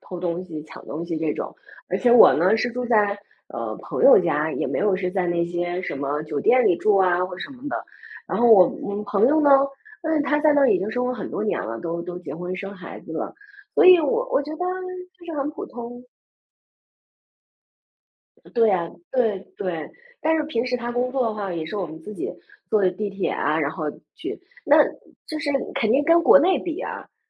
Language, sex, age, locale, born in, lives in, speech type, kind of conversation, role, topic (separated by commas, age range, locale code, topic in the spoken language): Chinese, female, 40-44, zh-CN, China, United States, conversation, unstructured, none, 你觉得旅行中最让人失望的经历是什么？